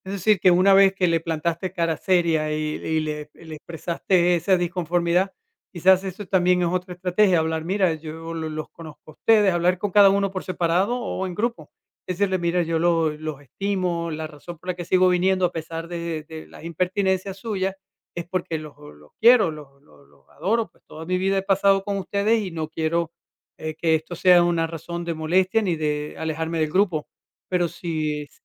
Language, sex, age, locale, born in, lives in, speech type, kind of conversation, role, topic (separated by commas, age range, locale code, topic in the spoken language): Spanish, male, 50-54, es-VE, Venezuela, United States, conversation, advice, advisor, ¿Cómo puedo manejar la presión de mis amigos para beber o ir a fiestas?